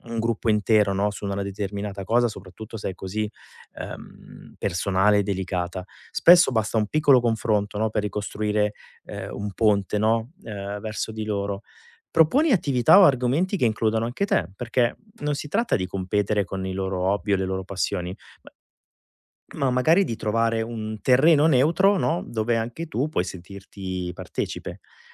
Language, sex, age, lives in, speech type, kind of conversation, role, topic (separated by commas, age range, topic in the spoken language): Italian, male, 40-44, Italy, conversation, advice, advisor, Come posso adattarmi e ricostruire i rapporti se mi sento escluso dal mio gruppo dopo dei cambiamenti?